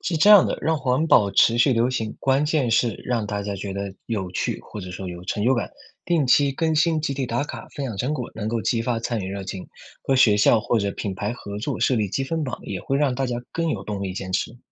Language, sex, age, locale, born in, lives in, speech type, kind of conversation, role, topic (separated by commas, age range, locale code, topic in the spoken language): Chinese, male, 20-24, zh-CN, China, United States, read, expert-assistant, expert, 怎样轻松坚持环保生活？